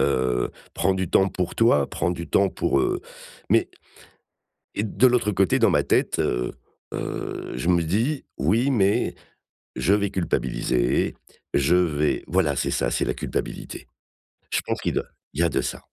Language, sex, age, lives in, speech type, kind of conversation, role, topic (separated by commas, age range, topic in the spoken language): French, male, 55-59, France, conversation, advice, user, Comment maintenir des relations sans sacrifier sa solitude réparatrice ?